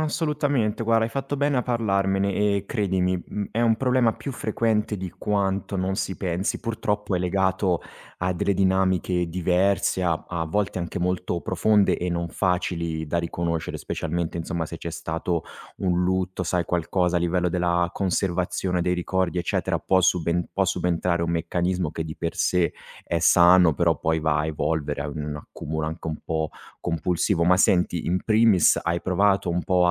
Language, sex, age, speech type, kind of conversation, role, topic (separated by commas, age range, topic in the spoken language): Italian, male, 35-39, conversation, advice, advisor, Come posso gestire una casa piena di oggetti inutili che mi causano stress ogni giorno?